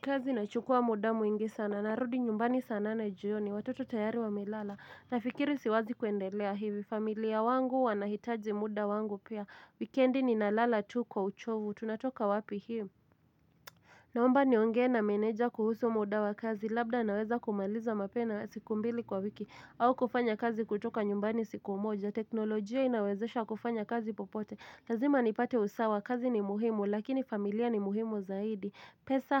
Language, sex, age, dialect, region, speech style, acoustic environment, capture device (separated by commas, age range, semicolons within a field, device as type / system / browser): Swahili, female, 25-34, Kenya - Nairobi Sheng-influenced, Kenya, anxious; nervous; excited, nature; traffic; people talking, mobile / Linux / Chrome